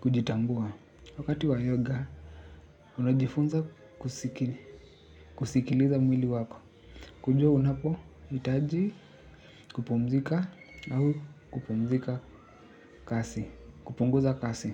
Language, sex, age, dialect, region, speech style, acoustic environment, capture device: Swahili, male, 25-34, Kenya - Nairobi Sheng-influenced, Kenya, happy, nature, desktop / Linux / Chrome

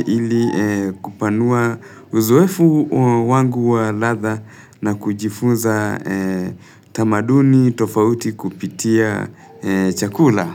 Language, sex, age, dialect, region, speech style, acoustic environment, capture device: Swahili, male, 25-34, Kenya - Nairobi Sheng-influenced, Kenya, relaxed, silence, mobile / Linux / Chrome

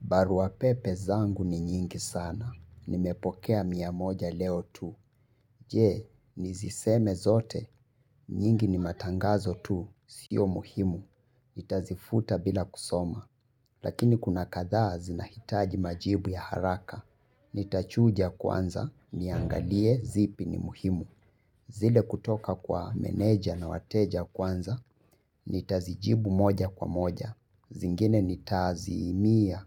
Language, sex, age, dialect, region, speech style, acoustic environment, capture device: Swahili, male, 25-34, Kenya - Nairobi Sheng-influenced, Kenya, relaxed, people talking, mobile / Linux / Chrome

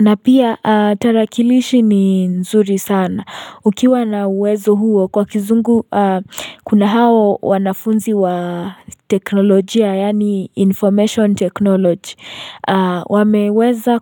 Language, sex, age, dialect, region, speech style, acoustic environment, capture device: Swahili, female, 18-24, Kenya - Nairobi Sheng-influenced, Kenya, happy; relaxed; focused; excited, silence, mobile / Linux / Chrome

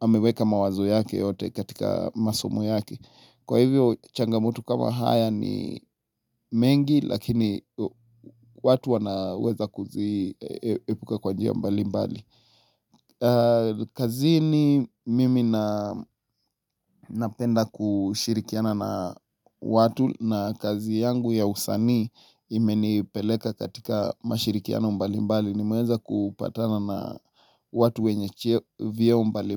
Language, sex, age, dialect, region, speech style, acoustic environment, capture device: Swahili, male, 25-34, Kenya - Nairobi Sheng-influenced, Kenya, excited; happy, silence, mobile / Linux / Chrome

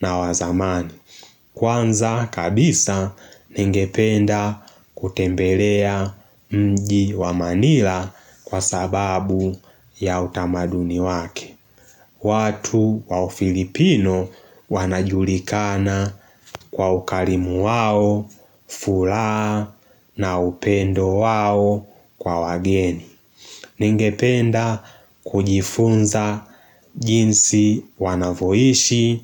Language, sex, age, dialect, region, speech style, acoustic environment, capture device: Swahili, male, 18-24, Tanzania - Dar es Salaam, Tanzania, excited; focused; happy, nature; silence, mobile / Linux / Chrome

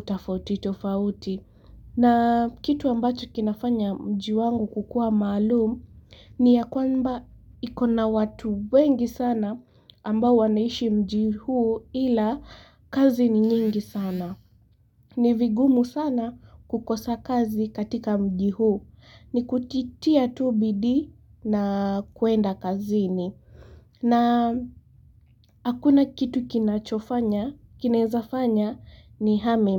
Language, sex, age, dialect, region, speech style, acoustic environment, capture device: Swahili, female, 18-24, Kenya - Mombasa, Kenya, relaxed, nature, mobile / Linux / Chrome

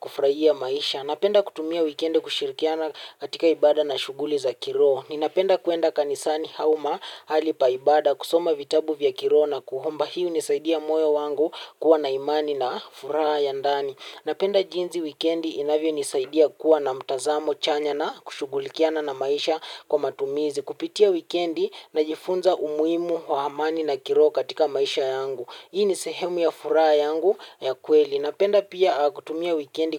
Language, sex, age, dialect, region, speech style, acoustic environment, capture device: Swahili, male, 18-24, Kenya - Mombasa, Kenya, happy, silence, mobile / Linux / Chrome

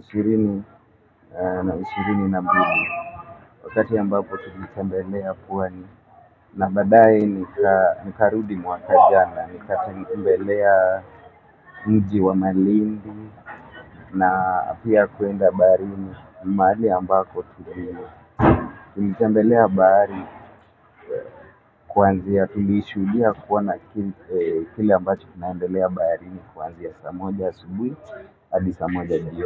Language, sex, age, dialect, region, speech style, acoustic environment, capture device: Swahili, male, 25-34, Kenya - Nairobi Sheng-influenced, Kenya, focused, people talking; music; nature, mobile / Linux / Chrome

